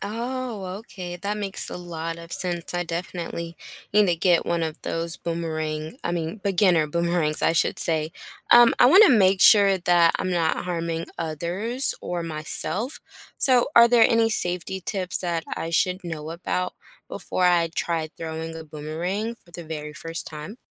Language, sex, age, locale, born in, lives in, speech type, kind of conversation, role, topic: English, female, 25-29, en-US, United States, United States, read, expert-assistant, asker, How does a boomerang come back when thrown?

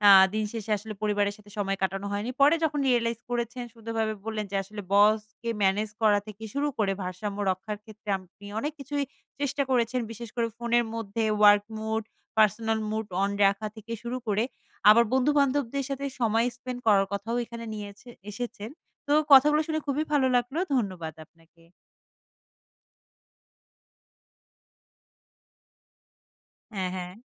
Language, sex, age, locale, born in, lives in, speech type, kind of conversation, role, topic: Bengali, female, 25-29, bn-BD, Bangladesh, Bangladesh, conversation, podcast, host, কাজ আর ব্যক্তিগত জীবনের মধ্যে সীমানা কীভাবে বজায় রাখেন?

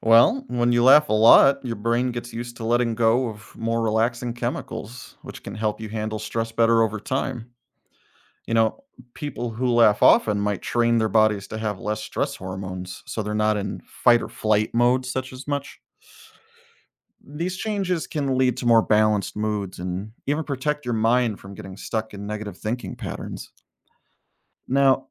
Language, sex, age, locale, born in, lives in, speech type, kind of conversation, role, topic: English, male, 35-39, en-US, United States, United States, read, expert-assistant, expert, How does laughter improve our well-being?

